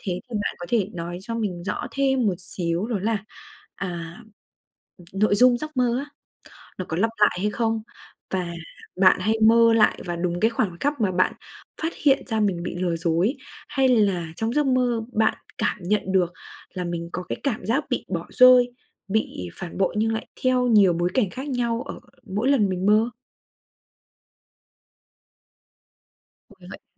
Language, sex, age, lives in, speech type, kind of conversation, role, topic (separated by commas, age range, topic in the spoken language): Vietnamese, female, 20-24, Vietnam, conversation, advice, advisor, Tôi thường xuyên bị ác mộng đến mức sợ đi ngủ, tôi nên làm gì?